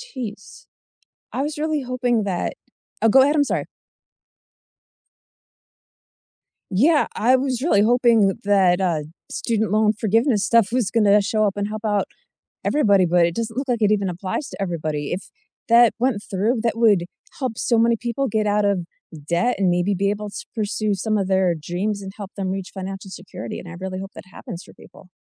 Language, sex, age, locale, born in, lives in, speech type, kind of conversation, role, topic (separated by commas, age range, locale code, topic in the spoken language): English, female, 40-44, en-US, United States, United States, conversation, unstructured, none, What does financial freedom mean to you?